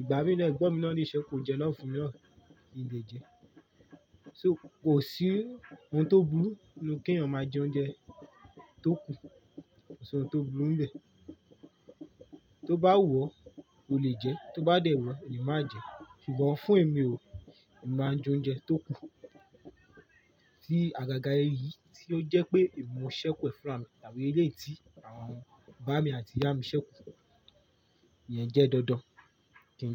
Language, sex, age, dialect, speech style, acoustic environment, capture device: Yoruba, male, 18-24, Nigeria - Lagos Yoruba, relaxed, silence, mobile / Linux / Chrome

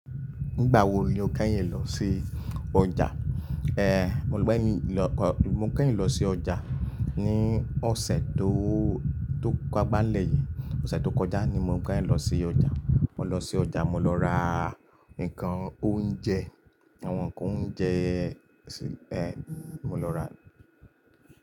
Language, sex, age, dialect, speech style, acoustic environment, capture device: Yoruba, male, 25-34, Nigeria - Lagos Yoruba, relaxed, nature, mobile / Linux / Chrome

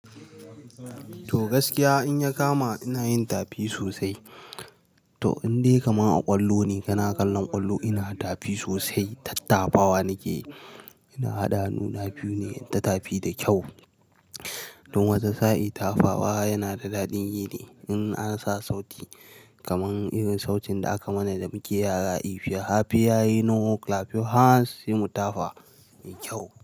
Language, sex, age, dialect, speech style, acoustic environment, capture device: Hausa, male, 18-24, Nigeria - Nigerian Standard, relaxed, people talking, mobile / Linux / Chrome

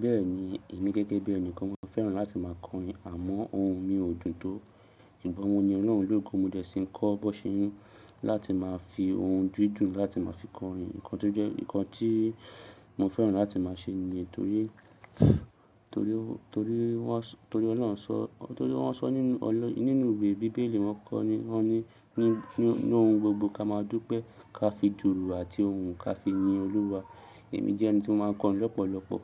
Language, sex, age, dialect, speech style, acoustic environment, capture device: Yoruba, male, 25-34, Nigeria - Lagos Yoruba, happy; focused, people talking; construction; nature, mobile / Linux / Chrome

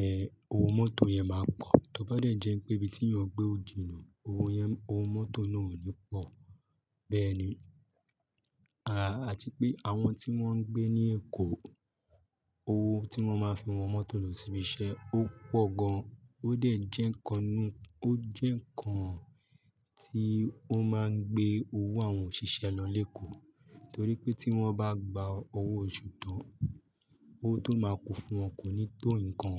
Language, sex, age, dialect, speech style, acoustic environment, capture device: Yoruba, male, 25-34, Nigeria - Lagos, happy, music, mobile / Linux / Chrome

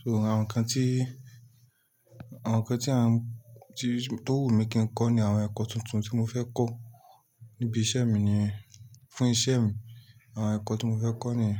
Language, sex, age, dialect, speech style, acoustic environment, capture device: Yoruba, male, 25-34, Nigeria - Lagos Yoruba, tired, silence, mobile / Linux / Chrome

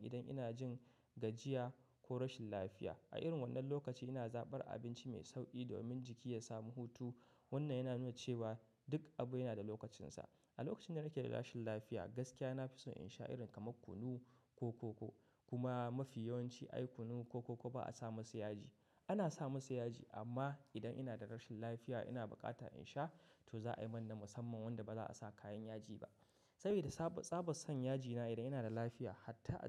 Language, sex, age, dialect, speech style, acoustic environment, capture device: Hausa, male, 25-34, Nigeria - Katsina, happy, nature; silence, mobile / macOS / Safari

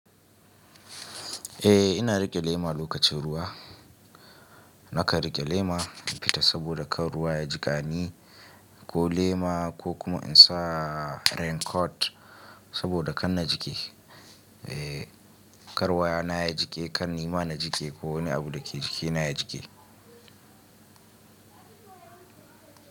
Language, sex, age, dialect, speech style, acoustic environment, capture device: Hausa, male, 25-34, Nigeria - Kano, relaxed, nature, mobile / Linux / Chrome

